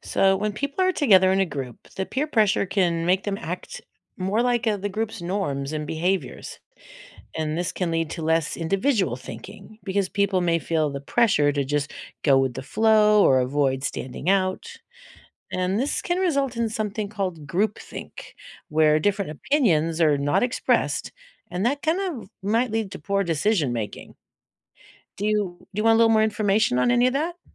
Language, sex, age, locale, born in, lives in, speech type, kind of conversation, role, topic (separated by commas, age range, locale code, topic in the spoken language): English, female, 60-64, en-US, United States, United States, read, expert-assistant, expert, How does peer pressure affect decision-making and behavior?